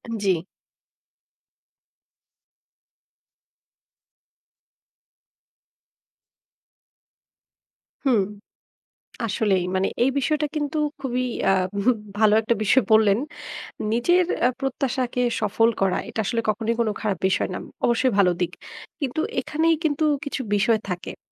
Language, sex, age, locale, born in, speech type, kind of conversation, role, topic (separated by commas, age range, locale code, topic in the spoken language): Bengali, female, 35-39, bn-BD, Bangladesh, conversation, podcast, host, তুমি কীভাবে মানুষের প্রত্যাশা থেকে স্বাধীন থাকো?